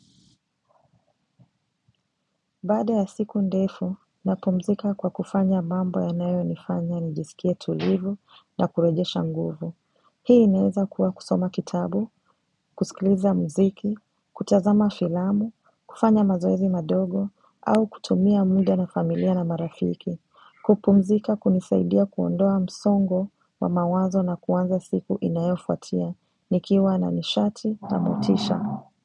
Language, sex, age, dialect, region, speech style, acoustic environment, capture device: Swahili, female, 25-34, Kenya - Mombasa, Kenya, relaxed; focused, nature; silence; appliances, mobile / macOS / Safari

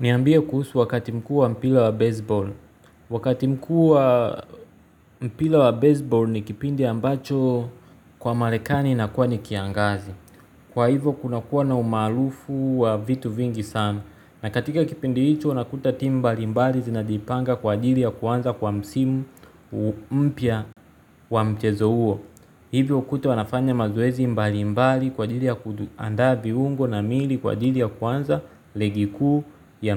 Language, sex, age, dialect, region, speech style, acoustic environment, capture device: Swahili, male, 25-34, Tanzania - Dar es Salaam, Tanzania, focused, nature, mobile / Linux / Chrome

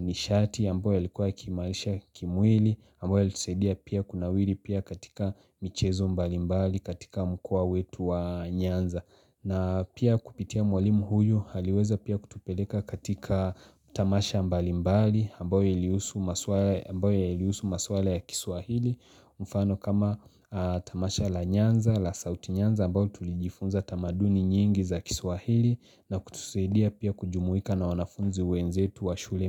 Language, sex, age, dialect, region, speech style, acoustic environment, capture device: Swahili, male, 25-34, Kenya - Mombasa, Kenya, focused, nature, mobile / Linux / Chrome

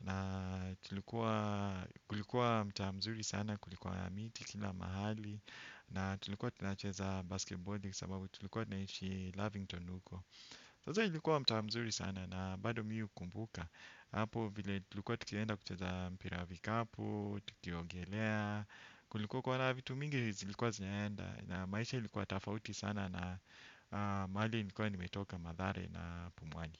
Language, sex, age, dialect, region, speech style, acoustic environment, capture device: Swahili, male, 35-44, Kenya - Nairobi Sheng-influenced, Kenya, relaxed, silence, desktop / Windows / Chrome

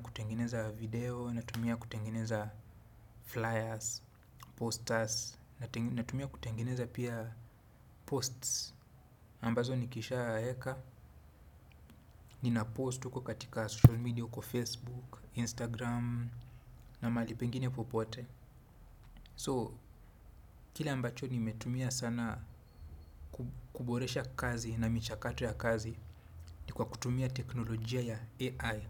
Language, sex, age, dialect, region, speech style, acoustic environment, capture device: Swahili, male, 25-34, Kenya - Nairobi Sheng-influenced, Kenya, focused, traffic, desktop / Windows / Chrome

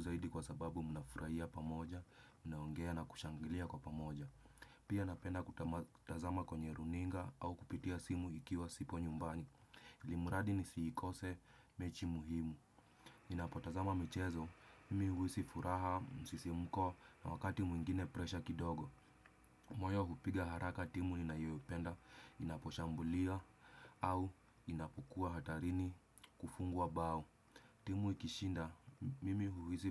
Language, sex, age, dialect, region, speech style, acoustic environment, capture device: Swahili, male, 25-34, Kenya - Nairobi Sheng-influenced, Kenya, relaxed, silence, desktop / Windows / Chrome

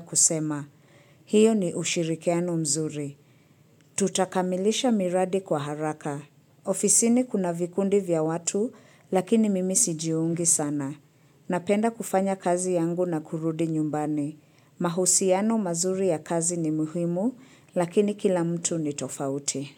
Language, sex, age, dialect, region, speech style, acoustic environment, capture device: Swahili, female, 25-34, Kenya - Nairobi Sheng-influenced, Kenya, focused, silence, mobile / Linux / Chrome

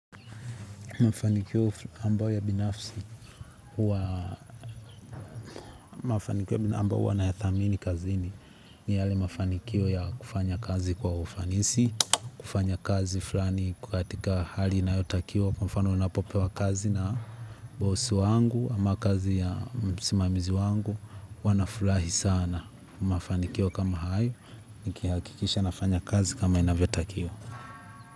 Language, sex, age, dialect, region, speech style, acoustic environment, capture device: Swahili, male, 18-24, Tanzania - Dar es Salaam, Tanzania, happy; relaxed, people talking; nature, mobile / Linux / Chrome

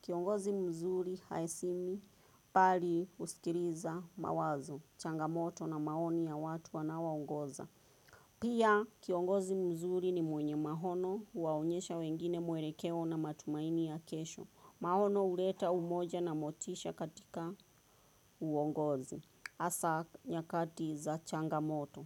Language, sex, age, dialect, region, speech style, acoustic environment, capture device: Swahili, female, 25-34, Kenya - Nairobi Sheng-influenced, Kenya, focused; relaxed, nature; traffic, desktop / Windows / Chrome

